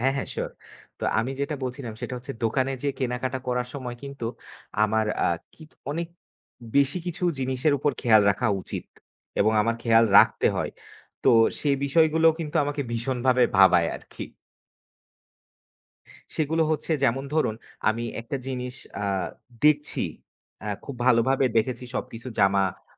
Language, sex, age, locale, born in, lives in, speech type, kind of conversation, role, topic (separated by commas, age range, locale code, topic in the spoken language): Bengali, male, 25-29, bn-BD, Bangladesh, Bangladesh, conversation, unstructured, none, অনলাইনে কেনাকাটা নাকি দোকানে গিয়ে কেনাকাটা—কোনটি আপনার জন্য বেশি সুবিধাজনক?